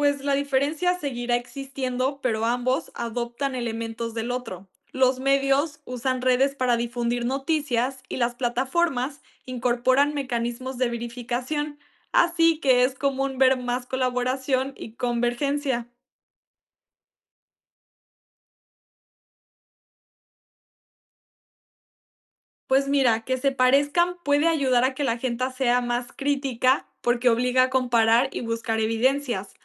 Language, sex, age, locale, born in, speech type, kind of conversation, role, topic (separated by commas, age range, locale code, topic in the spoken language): Spanish, female, 18-19, es-MX, Mexico, read, expert-assistant, expert, ¿Cuáles son las ventajas y desventajas de informarse solo a través de redes sociales en vez de medios tradicionales?